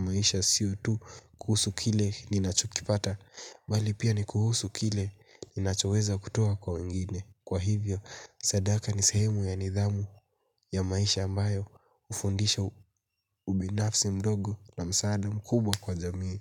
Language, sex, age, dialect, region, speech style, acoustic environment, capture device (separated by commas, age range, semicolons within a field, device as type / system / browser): Swahili, male, 18-24, Kenya - Mombasa, Kenya, happy, nature, mobile / Linux / Chrome